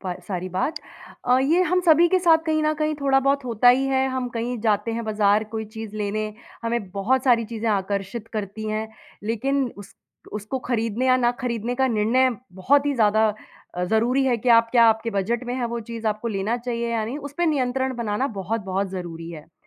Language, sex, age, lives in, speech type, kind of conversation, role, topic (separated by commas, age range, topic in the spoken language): Hindi, female, 30-34, India, conversation, advice, advisor, अचानक हुई खरीदारी और बाद में पछतावा